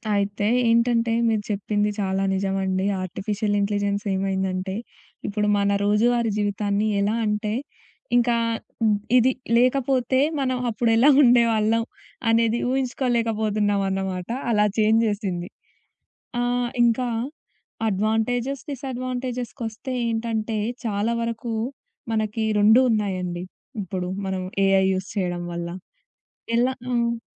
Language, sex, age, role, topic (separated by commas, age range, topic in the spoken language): Telugu, female, 20-24, guest, ఆర్టిఫిషియల్ ఇంటెలిజెన్స్ మన రోజువారీ జీవితాన్ని ఎలా మార్చుతుంది?